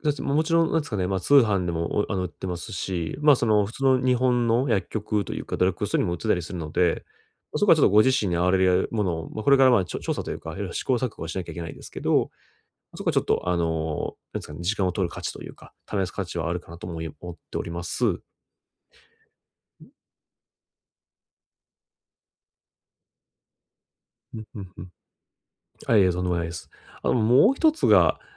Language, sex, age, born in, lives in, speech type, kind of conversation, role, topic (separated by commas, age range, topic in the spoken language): Japanese, male, 30-34, Japan, Japan, conversation, advice, advisor, 睡眠の質を改善して朝すっきり起きる方法